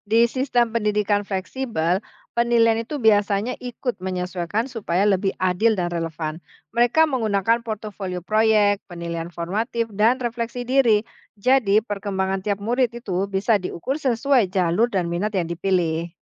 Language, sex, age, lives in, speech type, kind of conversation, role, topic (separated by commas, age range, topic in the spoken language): Indonesian, female, 45-49, Indonesia, read, expert-assistant, expert, Mengapa pelajaran yang diajarkan di sekolah bisa berbeda antara satu negara dengan negara lain?